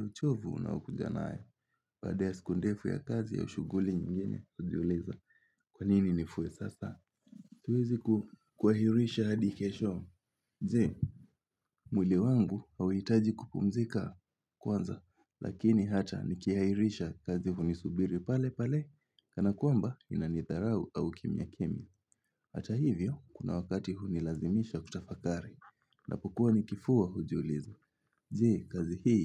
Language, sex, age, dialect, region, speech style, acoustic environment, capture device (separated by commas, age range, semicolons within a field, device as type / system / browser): Swahili, male, 18-24, Kenya - Mombasa, Kenya, happy, silence, mobile / Linux / Chrome